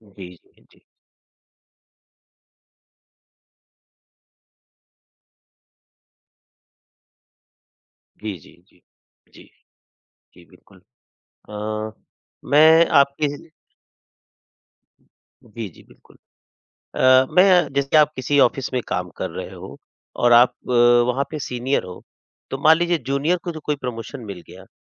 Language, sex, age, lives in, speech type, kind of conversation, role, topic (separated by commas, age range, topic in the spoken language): Hindi, male, 45-49, India, conversation, podcast, host, Office mein dosti aur professionalism ka balance kaise rakhein?